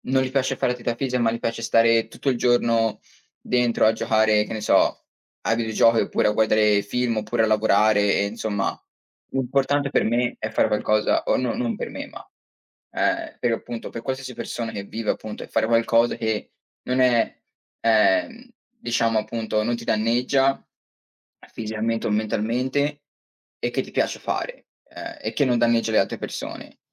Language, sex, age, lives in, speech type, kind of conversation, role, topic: Italian, male, 20-24, United States, conversation, unstructured, none, Come motivi le persone a iniziare a fare attività fisica con regolarità?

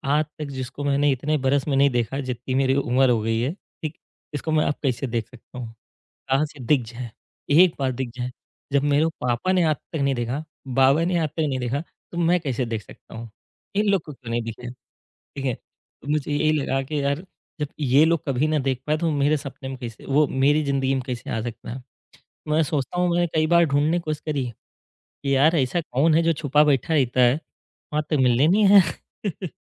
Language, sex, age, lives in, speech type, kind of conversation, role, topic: Hindi, male, 30-34, India, conversation, podcast, guest, जब आपके सपने टूट जाते हैं, तो आप फिर से नए सपने कैसे देख पाते हैं?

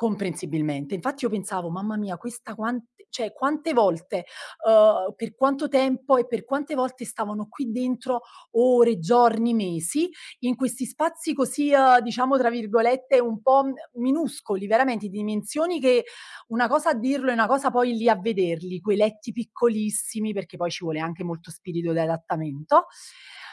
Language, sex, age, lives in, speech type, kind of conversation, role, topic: Italian, female, 35-39, Italy, conversation, podcast, guest, Qual è un viaggio che ti ha cambiato la vita?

